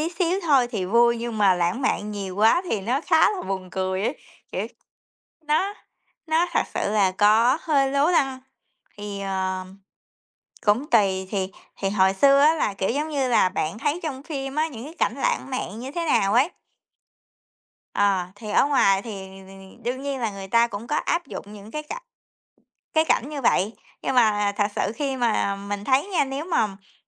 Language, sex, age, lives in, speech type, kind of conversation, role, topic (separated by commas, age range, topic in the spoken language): Vietnamese, female, 30-34, United States, conversation, unstructured, none, Làm sao để duy trì sự lãng mạn trong một mối quan hệ lâu dài?